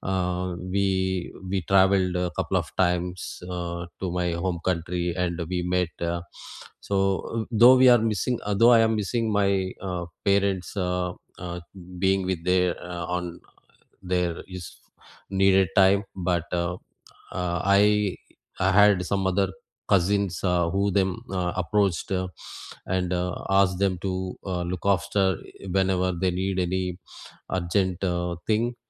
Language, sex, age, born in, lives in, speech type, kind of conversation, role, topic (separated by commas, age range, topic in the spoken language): English, male, 45-49, India, United States, conversation, unstructured, none, Can you share a moment when changing your mind left you grateful for the outcome?